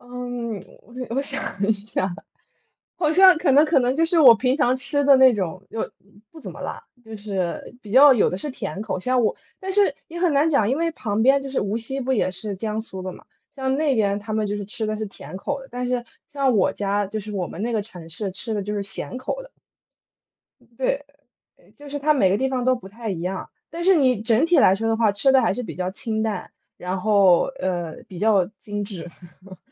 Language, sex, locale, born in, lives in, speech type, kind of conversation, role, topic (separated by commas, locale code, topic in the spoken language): Chinese, female, zh-CN, United States, United States, conversation, unstructured, none, 你最喜欢的家乡味道是什么？